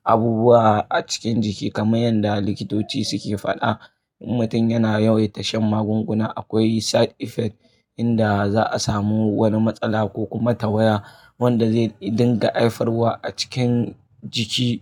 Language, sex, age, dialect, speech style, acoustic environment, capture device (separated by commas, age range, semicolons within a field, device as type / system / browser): Hausa, male, 25-34, Nigeria - Kano, focused, people talking; appliances; traffic, mobile / Linux / Chrome